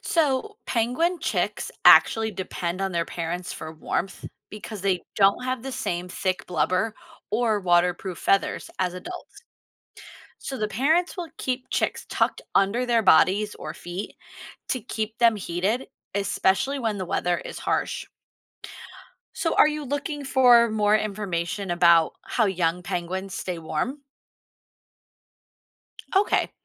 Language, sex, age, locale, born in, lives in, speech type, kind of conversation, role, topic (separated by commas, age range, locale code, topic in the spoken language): English, female, 35-39, en-US, United States, United States, read, expert-assistant, expert, How do penguins stay warm in cold climates?